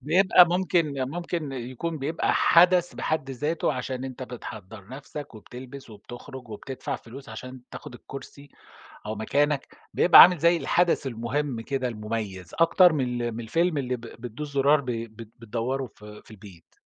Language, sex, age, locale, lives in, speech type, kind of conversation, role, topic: Arabic, male, 50-54, ar-SA, Germany, conversation, podcast, host, إزاي المشاهدة الجماعية بتغيّر إحساسنا بالهروب من الواقع؟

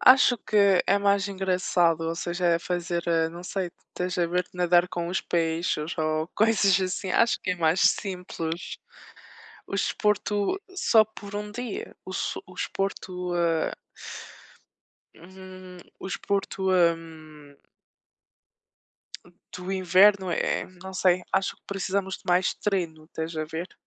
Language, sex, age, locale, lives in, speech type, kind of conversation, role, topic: Portuguese, female, 25-29, pt-PT, France, conversation, unstructured, none, Você escolheria passar um dia praticando esportes aquáticos ou um dia praticando esportes de inverno?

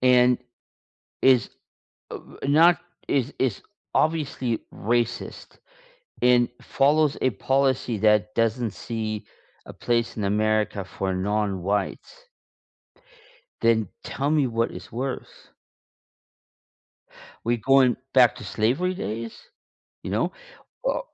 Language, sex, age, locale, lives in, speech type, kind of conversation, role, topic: English, male, 55-59, en-US, United States, conversation, unstructured, none, What frustrates you most about how politicians handle promises?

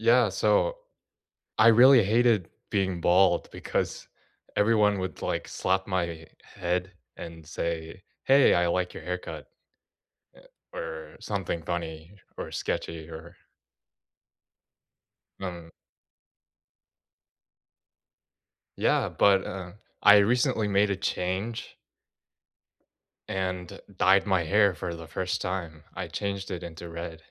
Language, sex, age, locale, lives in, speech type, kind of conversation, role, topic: English, male, 20-24, en-US, United States, conversation, unstructured, none, What have recent changes, missteps, and small wins taught you about yourself and your connections?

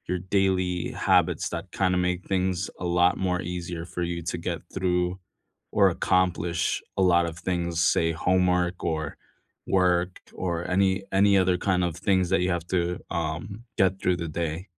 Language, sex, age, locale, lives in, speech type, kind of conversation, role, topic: English, male, 35-39, en-US, United States, conversation, unstructured, none, What small daily habits lift your mood, and how can we share ideas to support each other?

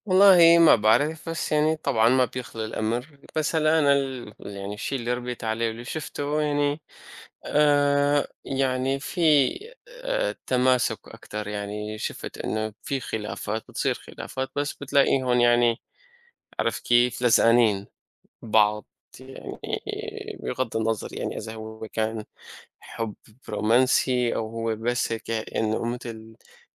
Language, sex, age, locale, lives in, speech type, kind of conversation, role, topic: Arabic, male, 25-29, ar-SY, United States, conversation, unstructured, none, إيه دور الحب والاحترام في إننا نعدّي الخلافات؟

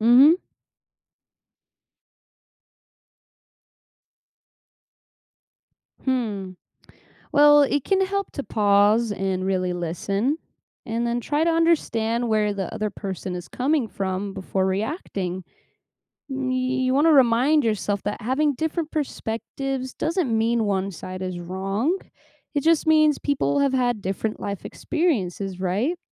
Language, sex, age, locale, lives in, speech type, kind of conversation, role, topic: English, female, 25-29, en-US, United States, read, expert-assistant, expert, What are the benefits of learning from diverse backgrounds?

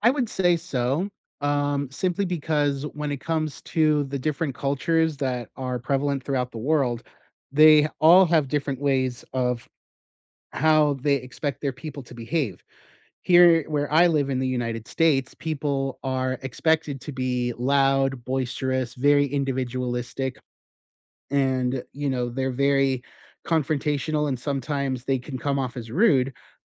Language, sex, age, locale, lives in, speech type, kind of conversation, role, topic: English, male, 35-39, en-US, United States, conversation, unstructured, none, Is it fair to expect people to act a certain way because of their background?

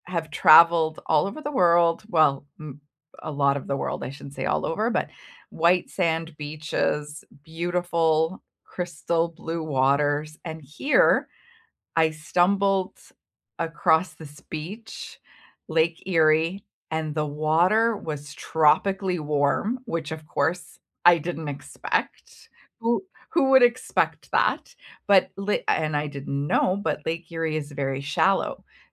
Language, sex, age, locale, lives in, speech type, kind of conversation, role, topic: English, female, 45-49, en-CA, Canada, conversation, unstructured, none, What is the most unexpectedly delightful place you've visited close to home?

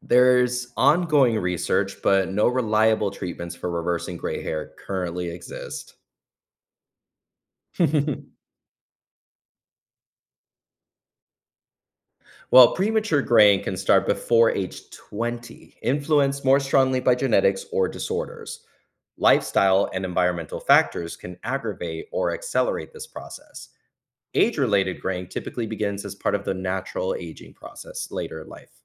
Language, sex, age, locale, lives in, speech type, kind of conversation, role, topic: English, male, 35-39, en-US, United States, read, expert-assistant, expert, What makes hair turn gray with age?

